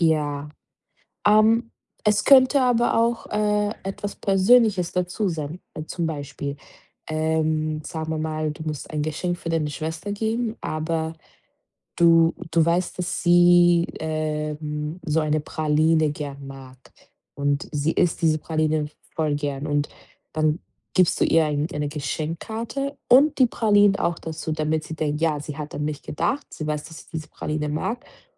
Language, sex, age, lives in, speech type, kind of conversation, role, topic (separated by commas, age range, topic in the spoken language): German, female, 25-29, Portugal, conversation, advice, advisor, Wie finde ich trotz Zeitmangel passende Kleidung und Geschenke?